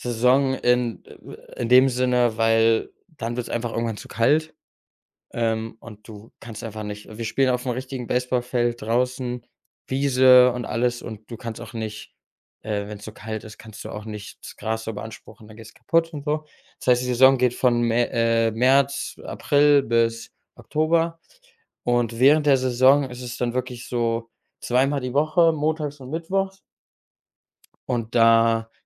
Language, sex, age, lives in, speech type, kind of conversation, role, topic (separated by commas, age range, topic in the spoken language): German, male, 25-29, Germany, conversation, podcast, guest, Welche Abendroutine hilft dir, runterzukommen?